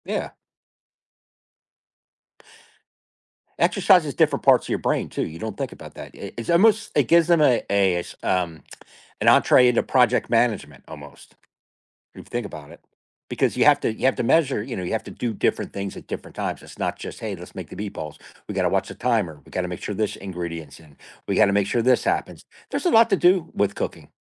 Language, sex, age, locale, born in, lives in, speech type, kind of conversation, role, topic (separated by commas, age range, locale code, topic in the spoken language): English, male, 50-54, en-US, United States, United States, conversation, podcast, host, In what ways does cooking together help families connect and build stronger relationships?